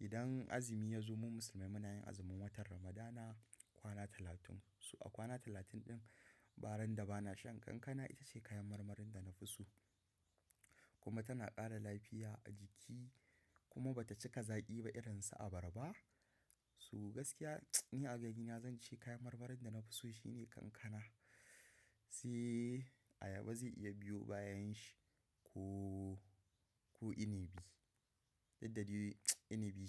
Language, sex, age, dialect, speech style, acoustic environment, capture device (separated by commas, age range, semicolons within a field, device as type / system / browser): Hausa, male, 18-24, Nigeria - Kano, happy, silence, mobile / macOS / Safari